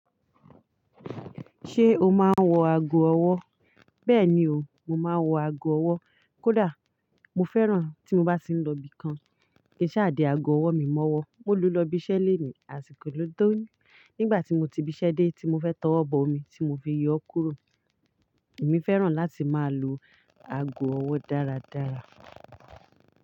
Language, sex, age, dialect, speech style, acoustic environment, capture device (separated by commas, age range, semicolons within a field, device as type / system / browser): Yoruba, female, 35-44, Nigeria - Ibadan, nervous, silence, mobile / Linux / Chrome